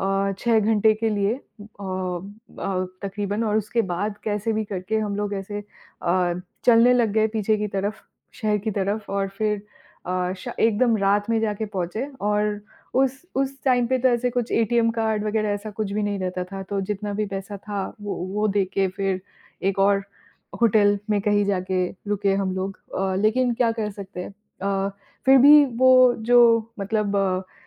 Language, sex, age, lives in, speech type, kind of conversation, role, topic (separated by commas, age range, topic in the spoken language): Hindi, female, 30-34, United States, conversation, unstructured, none, आपको यात्रा के दौरान सबसे ज्यादा कौन-सी समस्या होती है?